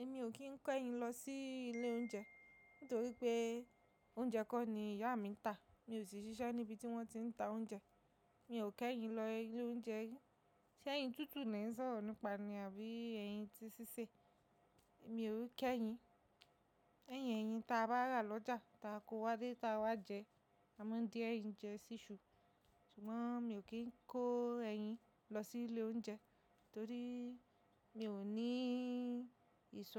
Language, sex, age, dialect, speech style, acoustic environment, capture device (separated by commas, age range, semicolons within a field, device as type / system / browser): Yoruba, female, 25-34, Nigeria - Lagos Yoruba, relaxed, nature, mobile / macOS / Safari